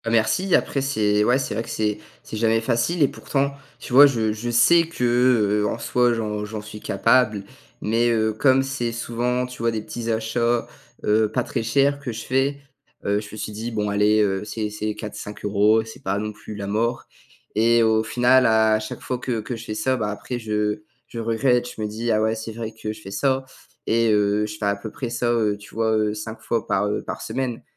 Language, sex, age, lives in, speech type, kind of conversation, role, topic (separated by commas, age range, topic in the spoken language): French, male, 18-19, France, conversation, advice, user, Comment gérer la culpabilité après des achats plaisir alors que je veux épargner ?